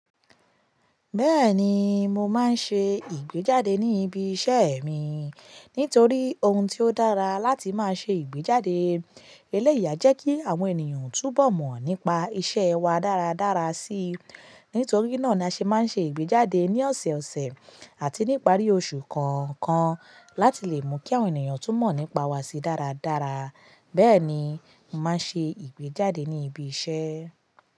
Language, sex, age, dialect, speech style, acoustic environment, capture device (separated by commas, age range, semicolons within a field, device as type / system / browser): Yoruba, female, 25-34, Nigeria - Lagos Yoruba, anxious, people talking; nature, mobile / Linux / Chrome